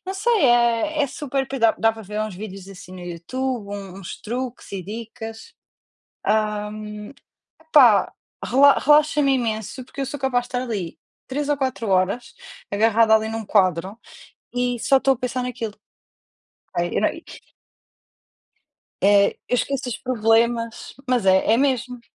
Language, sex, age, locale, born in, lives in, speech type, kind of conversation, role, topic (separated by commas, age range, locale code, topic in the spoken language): Portuguese, female, 30-34, pt-PT, Portugal, Portugal, conversation, unstructured, none, Como seus hobbies ajudam a reduzir o estresse?